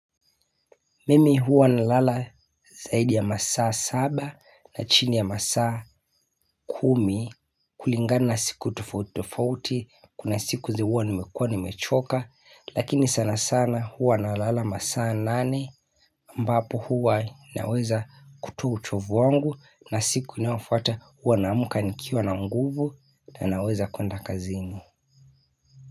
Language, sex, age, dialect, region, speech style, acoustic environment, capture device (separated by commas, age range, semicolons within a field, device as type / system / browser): Swahili, male, 25-34, Kenya - Mombasa, Kenya, happy, nature, mobile / Linux / Chrome